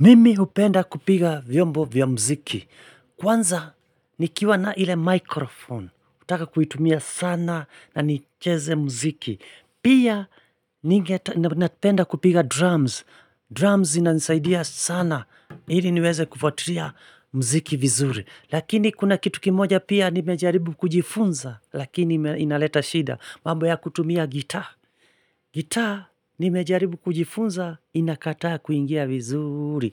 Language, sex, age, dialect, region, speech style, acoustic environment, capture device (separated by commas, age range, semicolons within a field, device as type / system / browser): Swahili, male, 45-54, Kenya - Nairobi Sheng-influenced, Kenya, relaxed, silence, mobile / Linux / Chrome